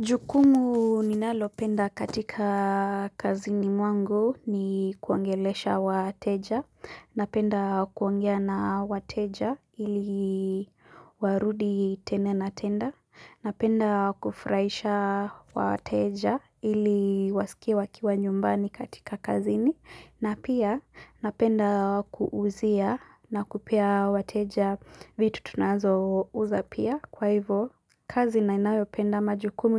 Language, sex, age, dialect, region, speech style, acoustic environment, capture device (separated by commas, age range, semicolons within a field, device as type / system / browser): Swahili, female, 18-24, Kenya - Nairobi Sheng-influenced, Kenya, relaxed, silence, mobile / Linux / Chrome